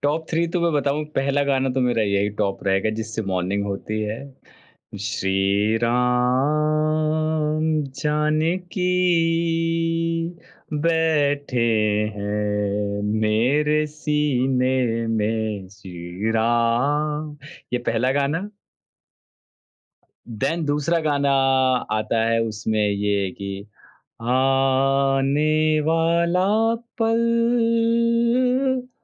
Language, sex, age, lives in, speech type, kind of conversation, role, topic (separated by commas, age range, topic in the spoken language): Hindi, male, 30-34, India, conversation, podcast, guest, Bachpan ka mahaul aapke sangeet ke pasand par kaise asar daalta hai?